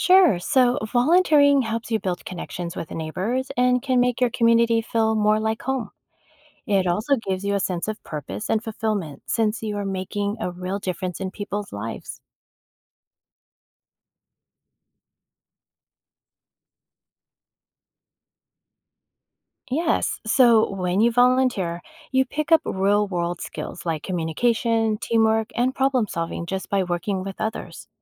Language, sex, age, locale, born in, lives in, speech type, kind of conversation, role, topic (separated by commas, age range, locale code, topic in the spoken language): English, female, 50-54, en-US, United States, United States, read, expert-assistant, expert, What are the benefits of volunteering in your community?